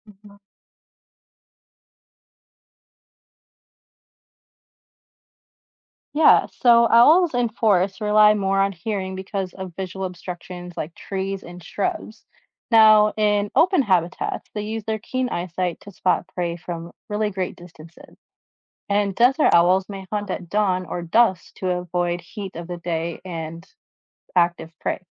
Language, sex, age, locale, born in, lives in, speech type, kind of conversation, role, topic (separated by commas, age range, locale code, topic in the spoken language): English, female, 25-29, en-US, United States, United States, read, expert-assistant, expert, What makes an owl such a good hunter?